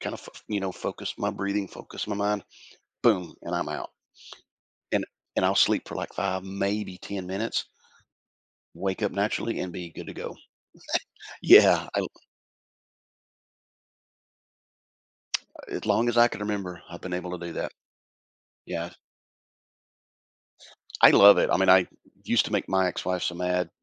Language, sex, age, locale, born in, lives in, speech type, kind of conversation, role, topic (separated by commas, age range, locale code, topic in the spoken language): English, male, 55-59, en-US, United States, United States, conversation, podcast, guest, What small routines make a big difference in your productivity?